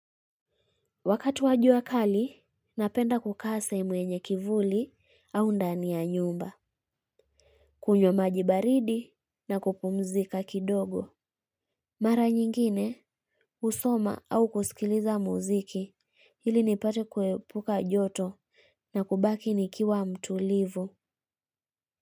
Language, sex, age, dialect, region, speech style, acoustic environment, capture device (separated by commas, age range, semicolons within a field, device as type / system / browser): Swahili, female, 25-34, Kenya - Kitui, Kenya, happy; relaxed, people talking; traffic; music, mobile / Linux / Chrome